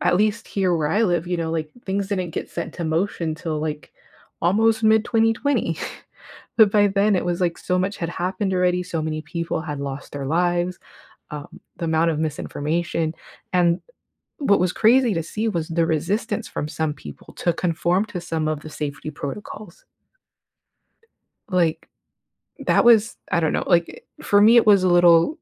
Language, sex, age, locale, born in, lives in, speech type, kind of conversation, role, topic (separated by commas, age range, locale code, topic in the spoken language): English, female, 35-39, en-US, United States, United States, conversation, podcast, guest, Why is it important to understand the history of pandemics in shaping our response to future health challenges?